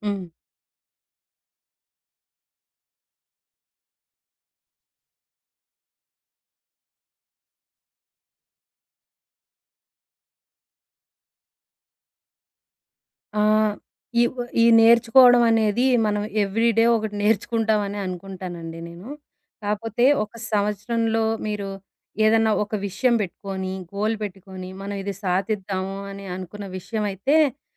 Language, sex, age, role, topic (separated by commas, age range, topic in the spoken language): Telugu, female, 40-44, guest, ఒక సంవత్సరంలో మీరు ఏది నేర్చుకోవాలనుకుంటున్నారు?